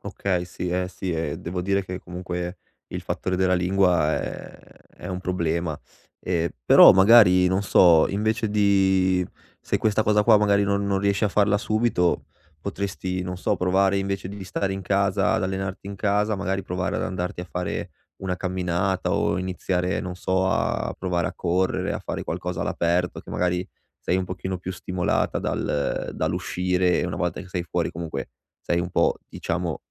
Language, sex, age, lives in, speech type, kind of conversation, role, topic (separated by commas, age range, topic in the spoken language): Italian, male, 25-29, Italy, conversation, advice, advisor, Come posso smettere di saltare gli allenamenti e riuscire a tornare in pista?